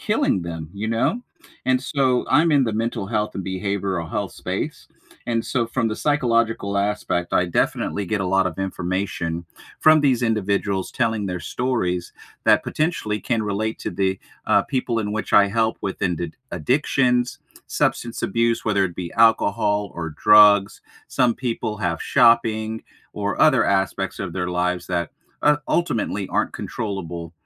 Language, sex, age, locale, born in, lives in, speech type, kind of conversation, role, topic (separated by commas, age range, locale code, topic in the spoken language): English, male, 40-44, en-US, United States, United States, conversation, unstructured, none, What comfort TV shows do you rewatch when you need a lift?